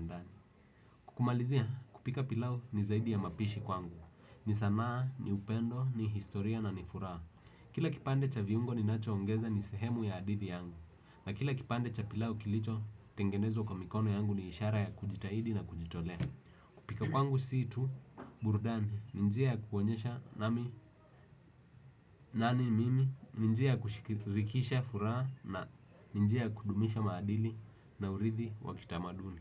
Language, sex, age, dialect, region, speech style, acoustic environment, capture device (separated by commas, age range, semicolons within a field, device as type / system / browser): Swahili, male, 18-24, Kenya - Nairobi Sheng-influenced, Kenya, relaxed; focused, silence, desktop / Windows / Edge